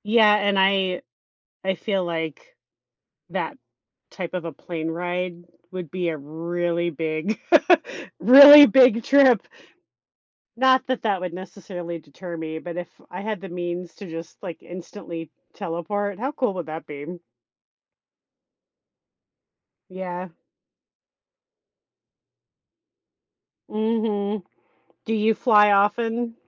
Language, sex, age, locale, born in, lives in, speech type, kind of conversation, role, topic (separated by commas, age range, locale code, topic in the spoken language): English, female, 35-39, en-US, United States, United States, conversation, unstructured, none, How do you think instant access to travel or knowledge would change the way we live and connect with others?